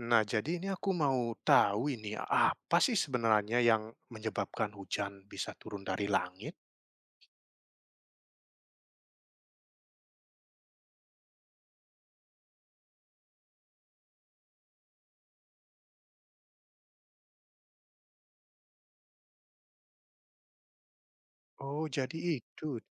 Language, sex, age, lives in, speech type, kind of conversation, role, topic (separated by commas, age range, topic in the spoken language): Indonesian, male, 30-34, Netherlands, read, expert-assistant, asker, Apa yang menyebabkan hujan turun?